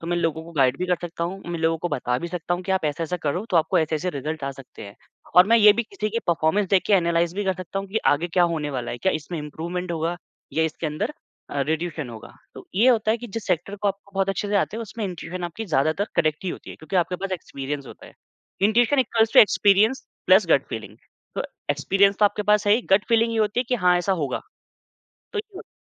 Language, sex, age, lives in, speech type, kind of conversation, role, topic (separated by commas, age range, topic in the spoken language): Hindi, male, 25-29, India, conversation, podcast, guest, Tum kaise samajhte ho ki koi gut feeling sachchi intuition hai ya sirf bias?